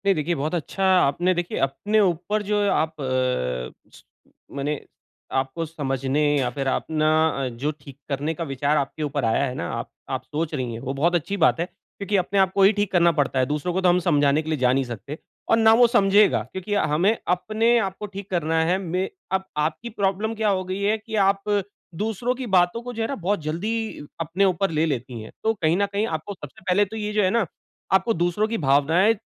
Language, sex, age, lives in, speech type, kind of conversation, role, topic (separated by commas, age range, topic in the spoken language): Hindi, male, 40-44, India, conversation, advice, advisor, मैं प्रतिक्रिया का उपयोग करके खुद को कैसे सुधार सकता/सकती हूँ?